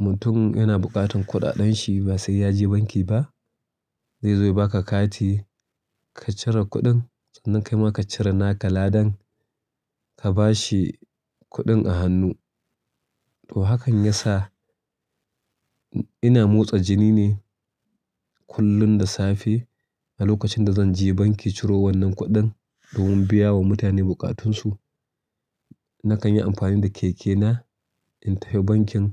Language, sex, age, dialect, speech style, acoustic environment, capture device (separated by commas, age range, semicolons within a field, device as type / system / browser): Hausa, male, 35-44, Nigeria - Kano, relaxed, nature, mobile / Linux / Chrome